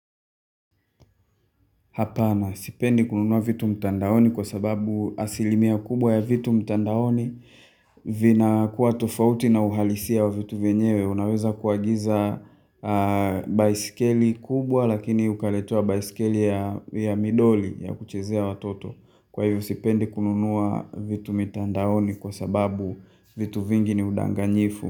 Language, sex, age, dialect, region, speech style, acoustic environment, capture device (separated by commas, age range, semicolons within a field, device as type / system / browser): Swahili, male, 25-34, Tanzania - Dar es Salaam, Tanzania, tired, construction, mobile / Linux / Chrome